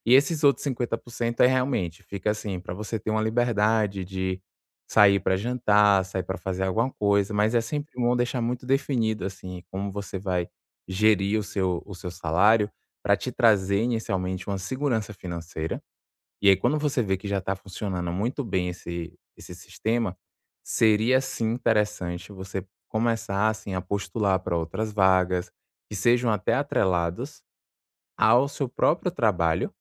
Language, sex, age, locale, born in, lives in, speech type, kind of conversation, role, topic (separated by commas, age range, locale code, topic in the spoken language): Portuguese, male, 25-29, pt-BR, Brazil, France, conversation, advice, advisor, Como equilibrar a estabilidade financeira e aproveitar novas oportunidades de trabalho?